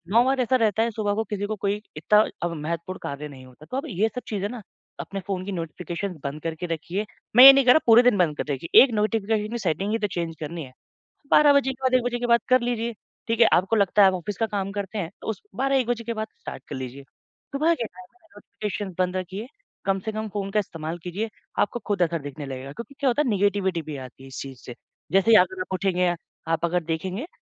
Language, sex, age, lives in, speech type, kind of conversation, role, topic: Hindi, male, 25-29, India, conversation, advice, advisor, फ़ोन और दूसरी चीज़ों से ध्यान भटकने के कारण मनोरंजन के समय में बाधा क्यों होती है?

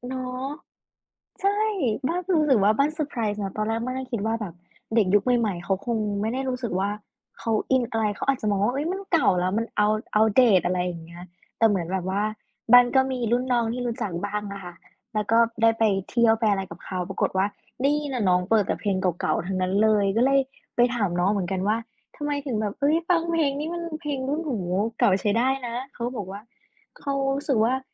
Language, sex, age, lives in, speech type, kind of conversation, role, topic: Thai, female, 20-24, Thailand, conversation, unstructured, none, คุณชอบฟังเพลงเก่าๆ เพลงไหนที่ทำให้คุณคิดถึงอดีตมากที่สุด?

